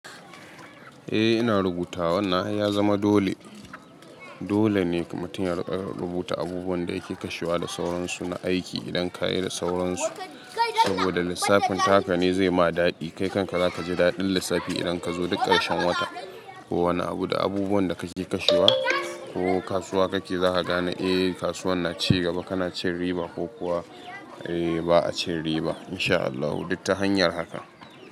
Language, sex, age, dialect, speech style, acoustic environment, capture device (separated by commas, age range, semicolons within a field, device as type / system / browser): Hausa, male, 25-34, Nigeria - Katsina, anxious, nature, mobile / Linux / Chrome